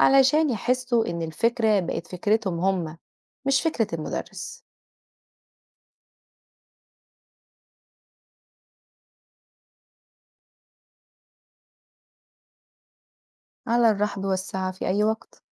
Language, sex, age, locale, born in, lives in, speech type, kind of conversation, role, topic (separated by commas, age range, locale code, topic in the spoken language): Arabic, female, 30-34, ar-EG, Egypt, Portugal, read, expert-assistant, expert, ما دور المعلم في تحفيز الطلاب على الابتكار والإبداع؟